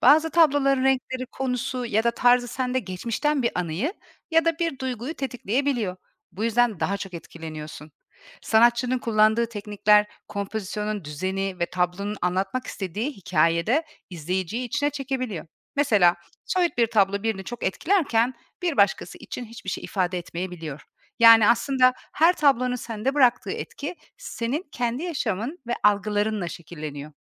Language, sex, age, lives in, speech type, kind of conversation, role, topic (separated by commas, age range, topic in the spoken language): Turkish, female, 40-44, Portugal, read, expert-assistant, expert, Sanat eserleri izleyicide neden farklı duygular uyandırır?